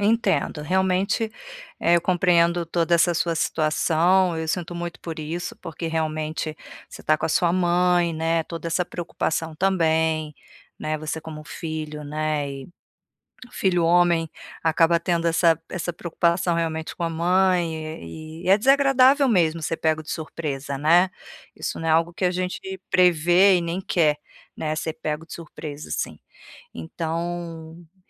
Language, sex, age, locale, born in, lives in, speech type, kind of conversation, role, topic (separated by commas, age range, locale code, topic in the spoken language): Portuguese, female, 45-49, pt-BR, Brazil, Portugal, conversation, advice, advisor, Como posso reduzir o estresse quando minha rotina muda?